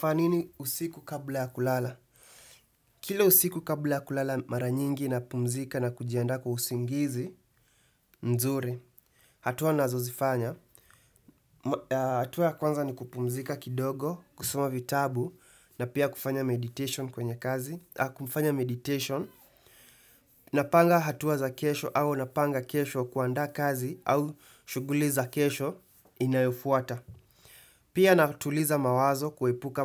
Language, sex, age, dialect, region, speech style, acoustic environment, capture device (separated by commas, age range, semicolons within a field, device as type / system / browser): Swahili, male, 18-24, Kenya - Nairobi Sheng-influenced, Kenya, happy, silence, desktop / Windows / Chrome